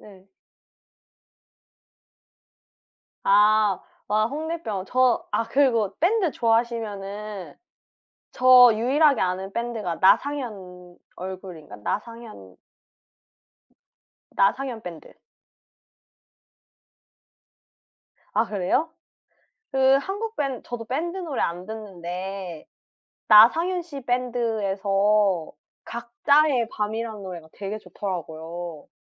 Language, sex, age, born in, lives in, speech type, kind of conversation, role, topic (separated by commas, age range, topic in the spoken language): Korean, female, 25-29, South Korea, United States, conversation, unstructured, none, 음악은 기분에 어떤 영향을 주나요?